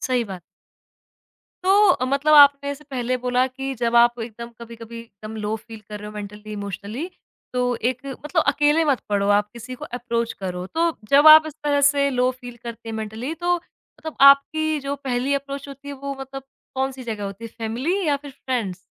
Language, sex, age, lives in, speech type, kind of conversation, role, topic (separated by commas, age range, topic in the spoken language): Hindi, female, 25-29, India, conversation, podcast, host, Mental health improve karne ke liye tum kaunse practical steps lete ho?